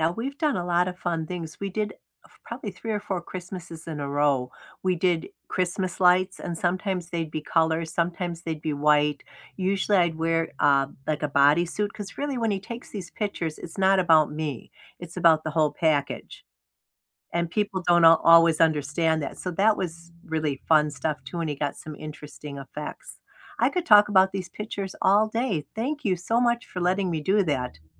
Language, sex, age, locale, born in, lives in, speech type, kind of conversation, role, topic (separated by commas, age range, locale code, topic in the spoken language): English, female, 65-69, en-US, United States, United States, conversation, unstructured, none, What’s the story behind a favorite photo on your phone, and why is it meaningful to you?